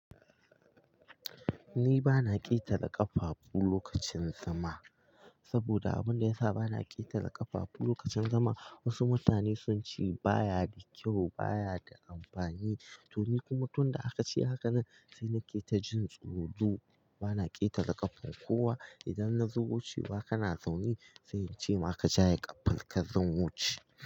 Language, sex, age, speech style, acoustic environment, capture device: Hausa, male, 18-24, focused; relaxed, silence, mobile / Linux / Chrome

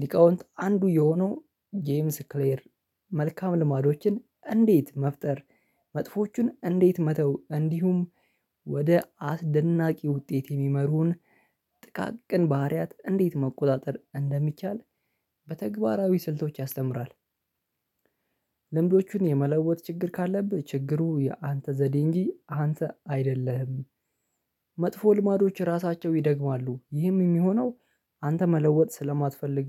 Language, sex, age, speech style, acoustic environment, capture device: Amharic, male, 25-34, relaxed; happy, silence, mobile / Linux / Chrome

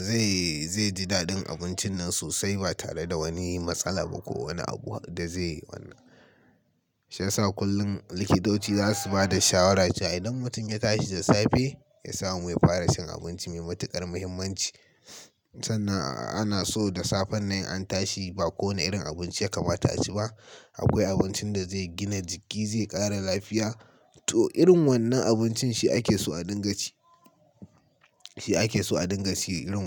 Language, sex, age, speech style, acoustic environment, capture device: Hausa, male, 18-24, happy; excited; focused, nature, mobile / Linux / Chrome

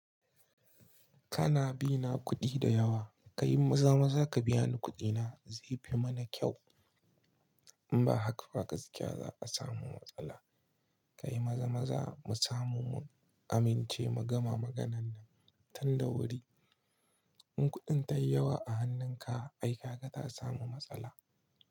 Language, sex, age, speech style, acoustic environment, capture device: Hausa, male, 25-34, relaxed; excited; happy, silence; nature, mobile / Linux / Chrome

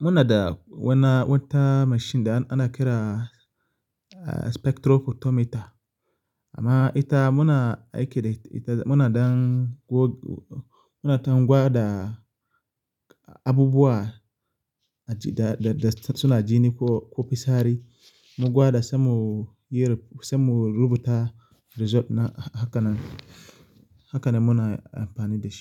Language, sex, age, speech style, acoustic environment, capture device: Hausa, male, 25-34, relaxed; focused, people talking; silence; appliances, mobile / Linux / Chrome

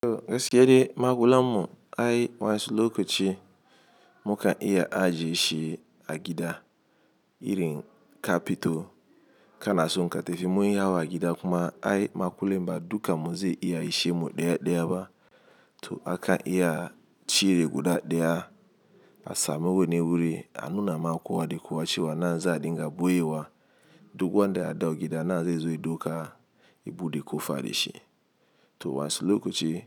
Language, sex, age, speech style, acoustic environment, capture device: Hausa, male, 35-44, happy, appliances, mobile / Linux / Chrome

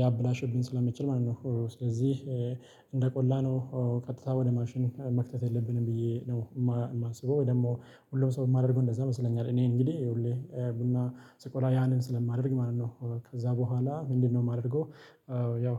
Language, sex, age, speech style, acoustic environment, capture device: Amharic, male, 25-34, happy; relaxed; excited; focused, silence, mobile / Linux / Chrome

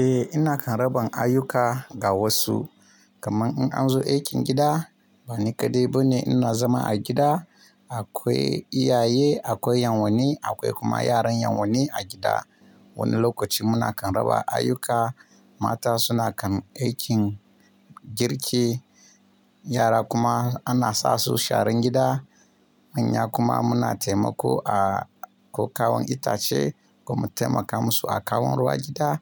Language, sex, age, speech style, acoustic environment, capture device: Hausa, male, 25-34, relaxed; happy, nature; silence, mobile / Linux / Chrome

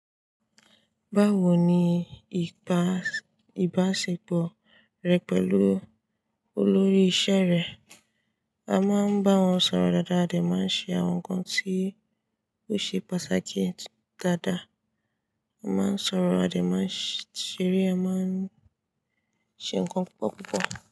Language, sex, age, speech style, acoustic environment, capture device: Yoruba, female, 18-24, relaxed, silence, desktop / Linux / Chrome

